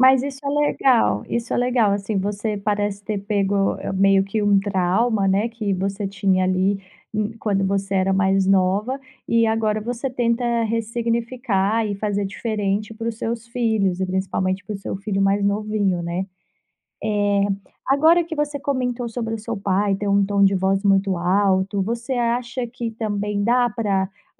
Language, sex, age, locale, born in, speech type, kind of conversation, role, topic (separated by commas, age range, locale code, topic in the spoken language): Portuguese, female, 30-34, pt-BR, Brazil, conversation, podcast, host, Como equilibrar empatia e firmeza em uma conversa difícil?